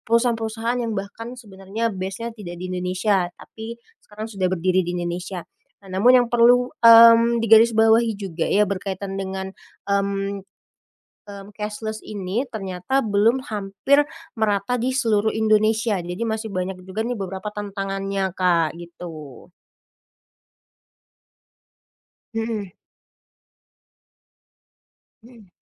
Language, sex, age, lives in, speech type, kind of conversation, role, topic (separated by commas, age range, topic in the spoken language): Indonesian, female, 25-29, Indonesia, conversation, podcast, guest, Bagaimana menurut Anda, sejauh mana gerakan nontunai di Indonesia berjalan dengan baik?